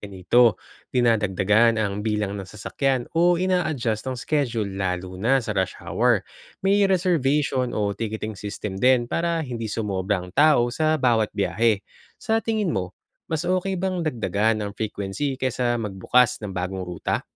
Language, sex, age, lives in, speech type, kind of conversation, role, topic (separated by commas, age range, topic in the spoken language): Filipino, male, 35-39, Philippines, read, expert-assistant, expert, Paano natin malulutas ang problema ng masikip na trapiko sa mga lungsod, lalo na kung limitado ang pondo at espasyo?